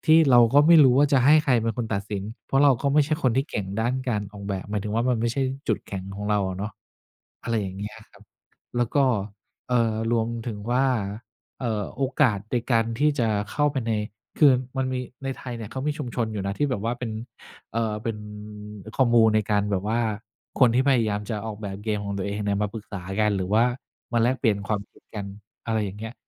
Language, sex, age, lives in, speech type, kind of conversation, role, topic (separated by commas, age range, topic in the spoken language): Thai, male, 50-54, Thailand, conversation, advice, user, ทำไมฉันถึงรู้สึกว่าใช้เวลาทำงานมากแต่ผลงานไม่คืบหน้า?